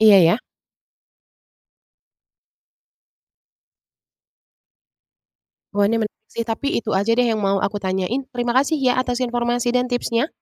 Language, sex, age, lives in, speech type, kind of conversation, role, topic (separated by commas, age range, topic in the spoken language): Indonesian, female, 30-34, Indonesia, read, expert-assistant, asker, Mengapa hasil panen padi di lahan saya menurun drastis tahun ini? Apa saja faktor teknis yang perlu saya periksa?